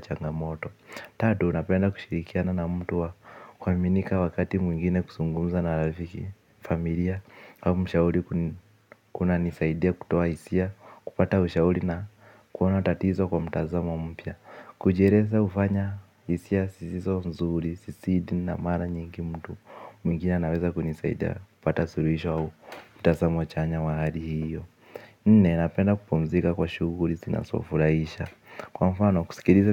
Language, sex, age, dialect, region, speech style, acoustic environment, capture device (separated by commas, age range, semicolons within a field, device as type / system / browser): Swahili, male, 18-24, Kenya - Nairobi Sheng-influenced, Kenya, focused; relaxed, people talking; nature, mobile / Linux / Chrome